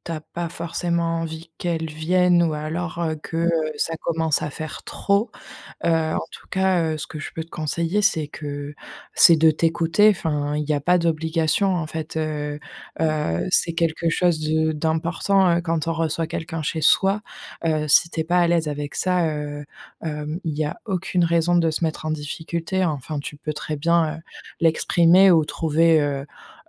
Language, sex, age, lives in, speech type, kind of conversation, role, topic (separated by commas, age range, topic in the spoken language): French, female, 25-29, France, conversation, advice, advisor, Comment gérer un ami qui ne te rend jamais la pareille ?